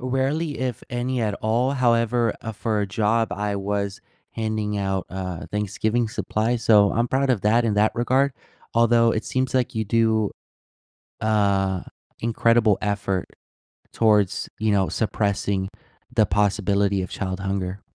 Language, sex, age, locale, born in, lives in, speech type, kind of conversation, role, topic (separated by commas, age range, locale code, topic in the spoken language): English, male, 20-24, en-US, United States, United States, conversation, unstructured, none, How does reading stories about child hunger make you feel?